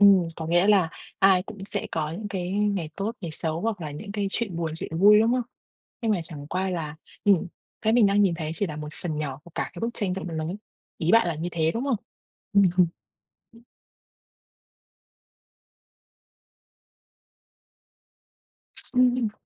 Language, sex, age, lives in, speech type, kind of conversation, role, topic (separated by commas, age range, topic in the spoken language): Vietnamese, female, 25-29, Vietnam, conversation, advice, user, Vì sao việc so sánh bản thân với bạn bè trên mạng xã hội khiến bạn mất tự tin?